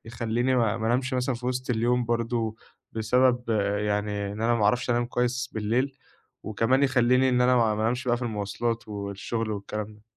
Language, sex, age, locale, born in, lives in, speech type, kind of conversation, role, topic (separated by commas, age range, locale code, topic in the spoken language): Arabic, male, 20-24, ar-EG, Egypt, Egypt, conversation, advice, user, ازاي أوقف تقلب مواعيد النوم والصحيان وأثبت معاد نوم ثابت؟